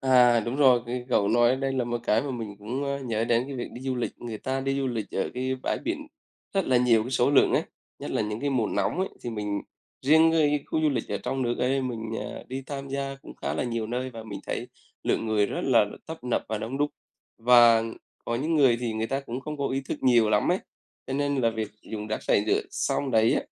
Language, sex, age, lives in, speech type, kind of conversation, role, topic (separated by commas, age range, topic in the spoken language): Vietnamese, male, 35-39, Vietnam, conversation, unstructured, none, Bạn cảm thấy thế nào khi thấy rác thải nhựa tràn ngập trong đại dương?